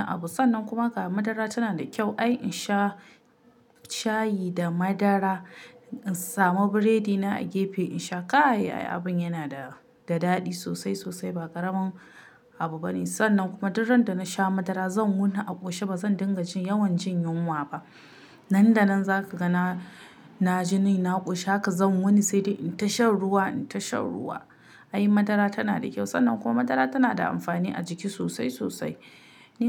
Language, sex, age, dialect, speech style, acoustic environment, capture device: Hausa, female, 25-34, Nigeria - Kano, relaxed; excited, silence, mobile / Linux / Chrome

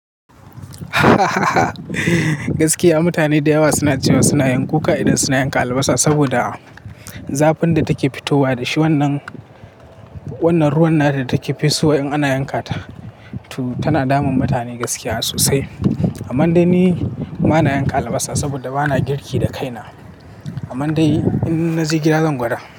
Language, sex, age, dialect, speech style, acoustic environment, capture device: Hausa, male, 18-24, Nigeria - Katsina, happy, people talking, mobile / Linux / Chrome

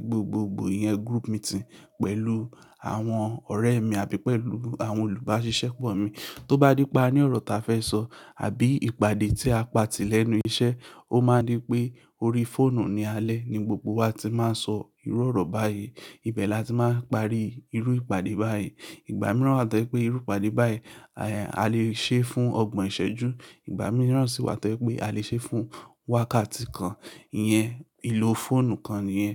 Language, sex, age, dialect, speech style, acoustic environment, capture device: Yoruba, male, 25-34, Nigeria - Lagos Yoruba, anxious, silence, mobile / Linux / Chrome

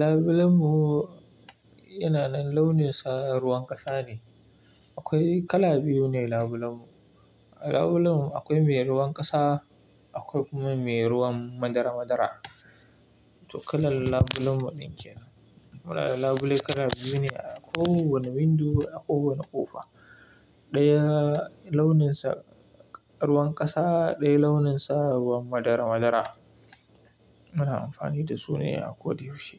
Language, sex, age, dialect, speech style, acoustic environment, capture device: Hausa, male, 25-34, Nigeria - Kano, happy; relaxed, silence, mobile / Linux / Chrome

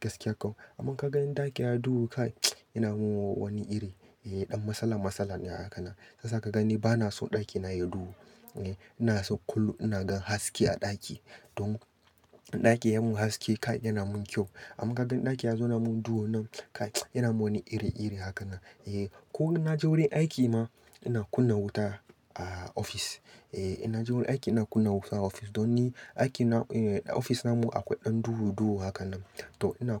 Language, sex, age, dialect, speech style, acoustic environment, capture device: Hausa, male, 25-34, Nigeria - Katsina, happy; focused, nature, mobile / Linux / Chrome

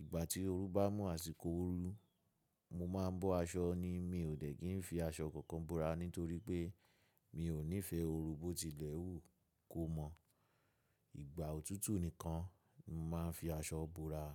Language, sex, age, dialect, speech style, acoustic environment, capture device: Yoruba, male, 25-34, Nigeria - Lagos Yoruba, relaxed, people talking, mobile / macOS / Safari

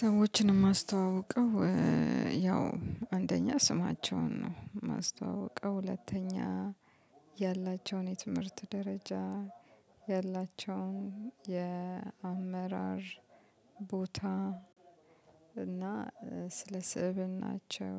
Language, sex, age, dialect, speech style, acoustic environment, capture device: Amharic, female, 35-44, Ethiopia - Addis Ababa, happy, music, mobile / Linux / Chrome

